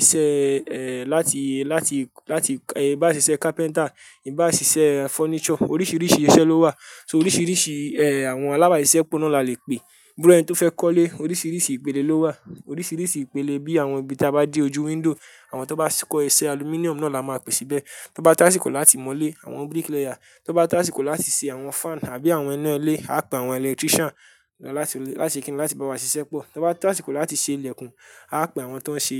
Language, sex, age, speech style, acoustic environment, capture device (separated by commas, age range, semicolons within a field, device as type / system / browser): Yoruba, male, 18-24, happy, silence, mobile / Linux / Chrome